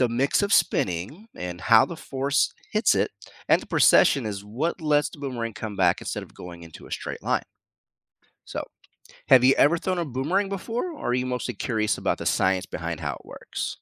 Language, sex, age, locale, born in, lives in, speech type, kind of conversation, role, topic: English, male, 45-49, en-US, United States, United States, read, expert-assistant, expert, How does a boomerang return when thrown?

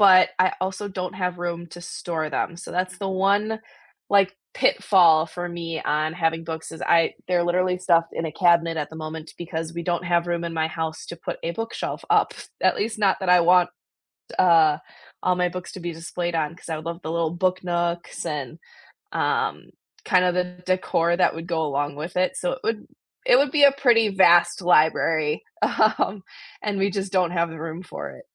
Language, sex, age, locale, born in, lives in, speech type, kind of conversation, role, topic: English, female, 25-29, en-US, United States, United States, conversation, unstructured, none, These days, do you reach for an e-reader or a physical book, and what experiences shaped that choice?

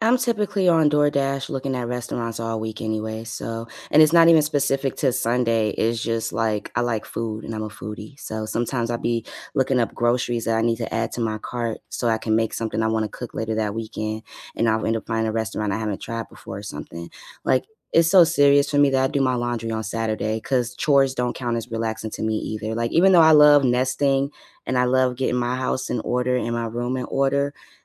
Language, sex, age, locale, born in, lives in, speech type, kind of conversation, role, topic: English, female, 30-34, en-US, United States, United States, conversation, unstructured, none, What personal traditions have you started as an adult, and how do they help you feel connected?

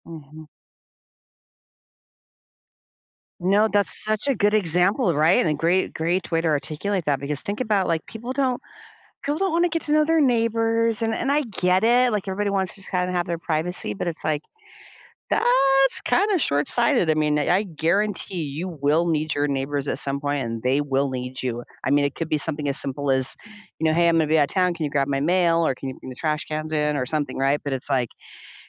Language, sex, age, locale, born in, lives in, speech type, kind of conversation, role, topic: English, female, 55-59, en-US, United States, United States, conversation, advice, user, How can I make new friends as an adult?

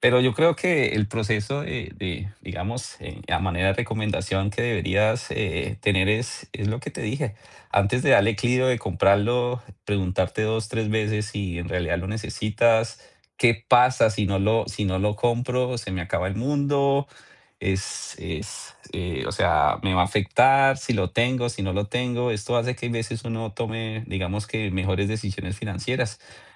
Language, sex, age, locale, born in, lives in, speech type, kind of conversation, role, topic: Spanish, male, 40-44, es-CO, Colombia, United States, conversation, advice, advisor, ¿Cómo has gastado más de lo planeado con tarjetas y cómo manejas la culpa que eso te genera?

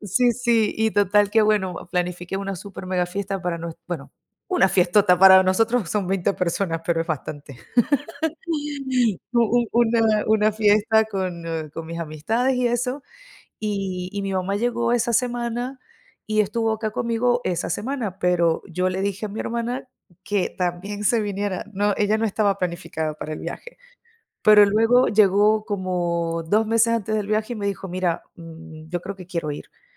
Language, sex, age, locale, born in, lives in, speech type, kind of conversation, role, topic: Spanish, female, 30-34, es-VE, Venezuela, Italy, conversation, unstructured, none, ¿Recuerdas alguna sorpresa que te haya dado tu familia?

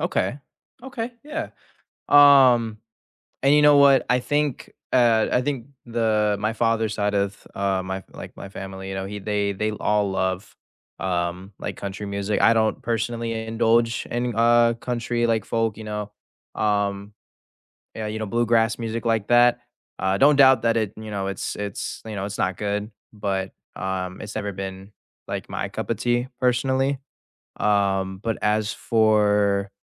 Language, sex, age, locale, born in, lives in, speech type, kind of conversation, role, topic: English, male, 18-19, en-US, United States, United States, conversation, unstructured, none, Which new artists or creators are you excited to follow this year?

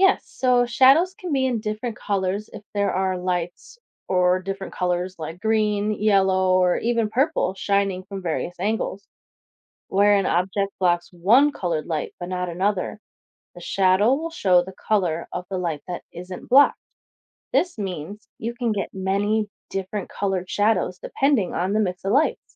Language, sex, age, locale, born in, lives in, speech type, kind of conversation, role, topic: English, female, 25-29, en-US, United States, United States, read, expert-assistant, expert, What causes a shadow to form?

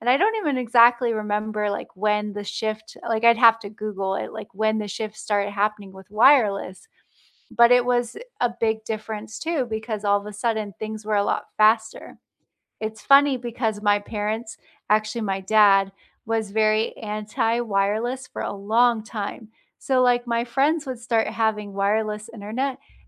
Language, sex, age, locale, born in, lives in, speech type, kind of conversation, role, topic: English, female, 35-39, en-US, United States, United States, conversation, unstructured, none, What surprises you most about how quickly technology changes?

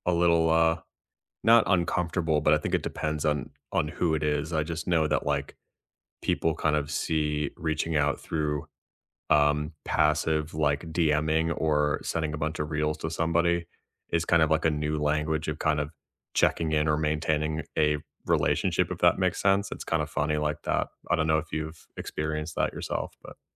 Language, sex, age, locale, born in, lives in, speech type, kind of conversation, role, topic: English, male, 30-34, en-US, United States, United States, conversation, unstructured, none, How do you keep friendships strong when life gets busy, and what simple habits help you stay connected?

